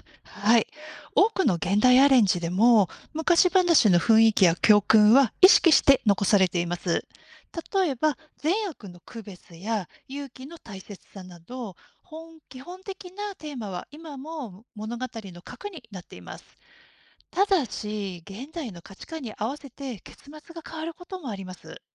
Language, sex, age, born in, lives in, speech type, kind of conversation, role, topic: Japanese, female, 50-54, Japan, Japan, read, expert-assistant, expert, 昔の物語でよく聞く「有名なエピソード」って、本当にあった話なんでしょうか？どこまで信じていいのか気になります。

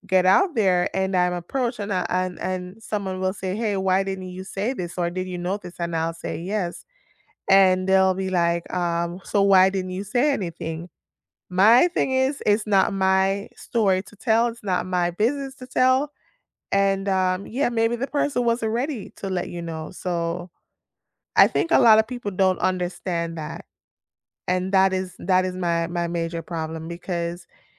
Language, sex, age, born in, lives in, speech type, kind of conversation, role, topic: English, female, 30-34, Jamaica, United States, conversation, unstructured, none, How can you build trust in a relationship through everyday honesty, reliability, and small, consistent actions?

